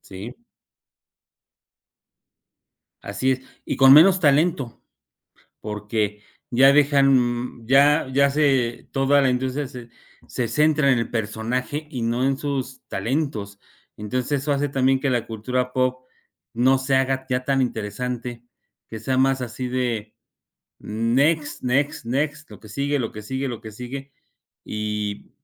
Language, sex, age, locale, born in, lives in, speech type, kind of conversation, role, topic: Spanish, male, 50-54, es-MX, Mexico, Mexico, conversation, unstructured, none, ¿Crees que la prensa amarilla perjudica a la cultura pop?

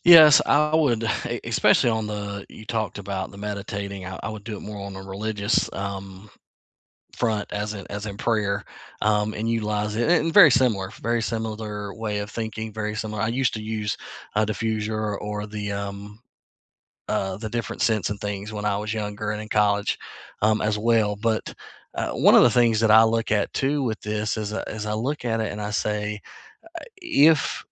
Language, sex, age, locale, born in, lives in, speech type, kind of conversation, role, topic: English, male, 40-44, en-US, United States, United States, conversation, unstructured, none, What little daily routines make your life feel manageable?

